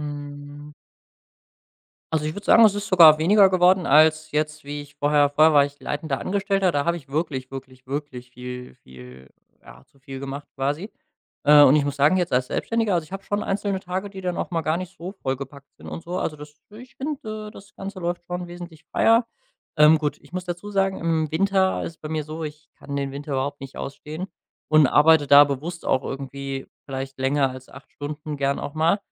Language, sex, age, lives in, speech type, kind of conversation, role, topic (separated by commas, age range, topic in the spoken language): German, male, 25-29, Germany, conversation, podcast, guest, Wie planst du deine Aufgaben für einen typischen Tag im Homeoffice?